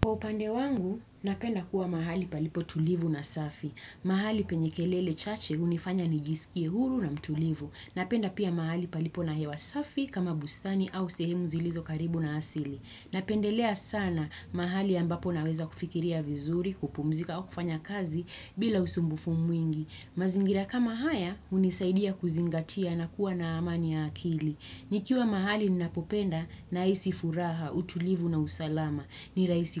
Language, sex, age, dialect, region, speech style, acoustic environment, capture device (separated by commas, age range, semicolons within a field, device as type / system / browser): Swahili, female, 25-34, Kenya - Nairobi Sheng-influenced, Kenya, happy, nature, desktop / Windows / Chrome